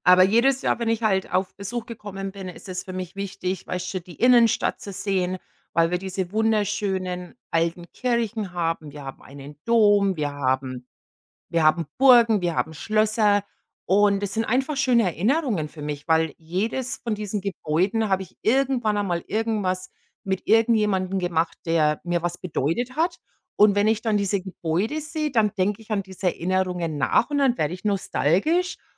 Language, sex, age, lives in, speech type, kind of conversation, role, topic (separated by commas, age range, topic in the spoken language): German, female, 45-49, United States, conversation, unstructured, none, Gibt es einen Ort, der bei dir nostalgische Gefühle weckt?